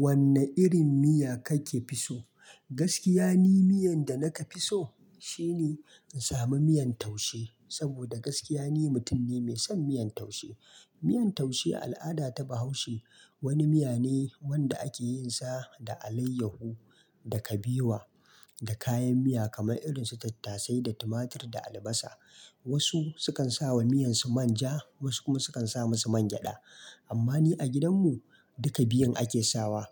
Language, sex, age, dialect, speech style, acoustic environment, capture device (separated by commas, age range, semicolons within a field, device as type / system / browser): Hausa, male, 25-34, Nigeria - Kano, happy; relaxed; excited; focused, silence, mobile / Linux / Chrome